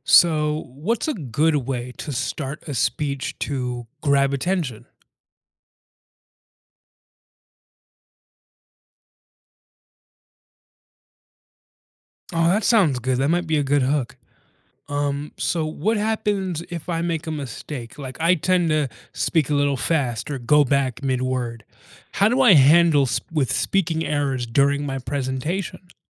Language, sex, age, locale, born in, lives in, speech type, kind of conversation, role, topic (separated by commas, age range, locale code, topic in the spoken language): English, male, 20-24, en-US, United States, United States, read, expert-assistant, asker, What are some tips for becoming a better public speaker?